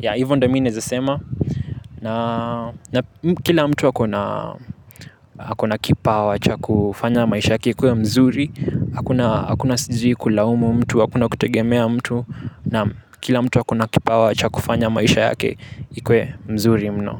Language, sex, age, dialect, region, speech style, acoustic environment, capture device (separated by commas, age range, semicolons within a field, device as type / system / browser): Swahili, male, 18-24, Kenya - Nairobi Sheng-influenced, Kenya, happy, nature, mobile / Linux / Chrome